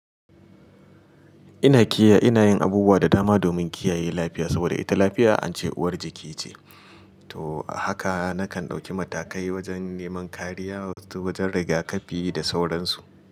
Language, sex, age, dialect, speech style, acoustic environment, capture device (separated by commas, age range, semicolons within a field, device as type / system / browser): Hausa, male, 35-44, Nigeria - Kano, happy; excited, appliances, mobile / Linux / Chrome